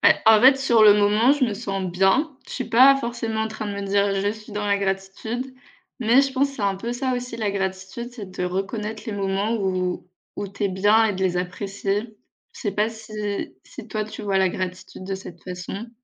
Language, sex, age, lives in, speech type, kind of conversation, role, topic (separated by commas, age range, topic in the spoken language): French, female, 25-29, France, conversation, unstructured, none, Quelle place la gratitude occupe-t-elle dans ta vie ?